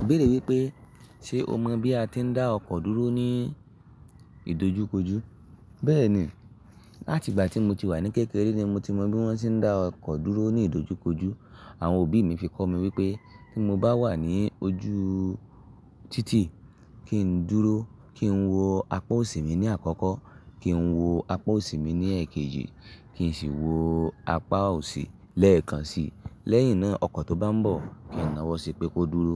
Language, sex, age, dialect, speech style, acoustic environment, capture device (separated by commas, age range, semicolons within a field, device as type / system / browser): Yoruba, male, 25-34, Nigeria - Ibadan, excited; relaxed, silence; nature, mobile / Linux / Chrome